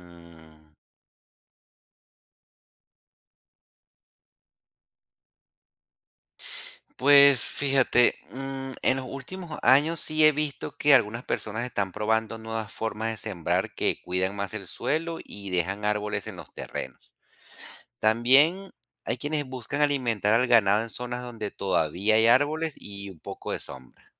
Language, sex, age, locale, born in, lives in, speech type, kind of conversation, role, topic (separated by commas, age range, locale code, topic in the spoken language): Spanish, male, 40-44, es-CO, Venezuela, United States, read, expert-assistant, asker, ¿Cómo afecta la deforestación a la biodiversidad?